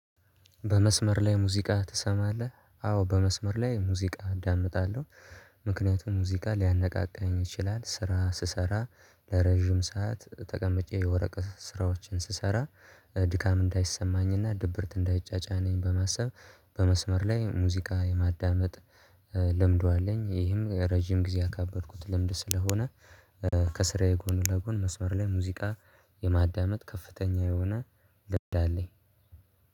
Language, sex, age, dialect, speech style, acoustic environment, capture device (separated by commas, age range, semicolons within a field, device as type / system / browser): Amharic, male, 18-24, Ethiopia - Addis Ababa, relaxed, silence, mobile / Linux / Chrome